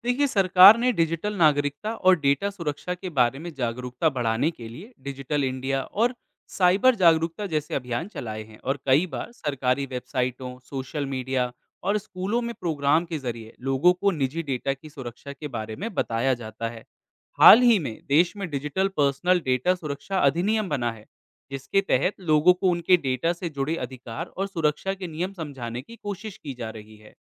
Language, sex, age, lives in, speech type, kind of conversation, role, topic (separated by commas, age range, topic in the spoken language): Hindi, male, 25-29, India, read, expert-assistant, expert, भारतीय संविधान में मौलिक अधिकारों की अवधारणा का महत्व क्या है?